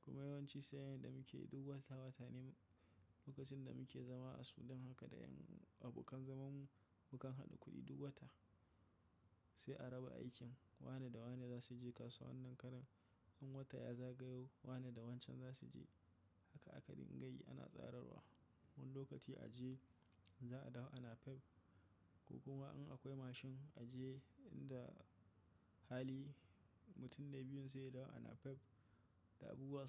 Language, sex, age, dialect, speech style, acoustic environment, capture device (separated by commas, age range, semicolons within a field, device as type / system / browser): Hausa, male, 25-34, Nigeria - Kano, tired, silence, mobile / macOS / Safari